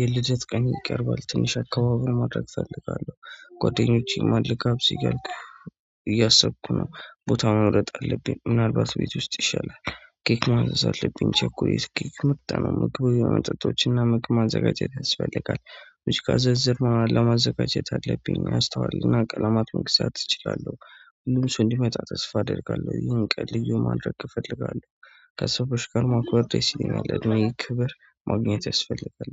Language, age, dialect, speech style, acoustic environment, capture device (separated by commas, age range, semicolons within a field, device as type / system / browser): Amharic, 25-34, Ethiopia - Addis Ababa, happy, nature, mobile / Android / Chrome